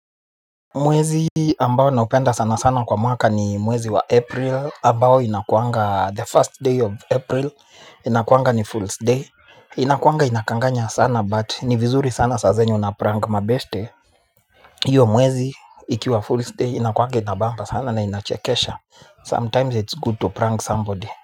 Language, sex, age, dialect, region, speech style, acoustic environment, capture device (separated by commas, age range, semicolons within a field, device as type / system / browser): Swahili, male, 25-34, Kenya - Nairobi Sheng-influenced, Kenya, nervous; excited; focused, people talking; music; nature, mobile / Linux / Chrome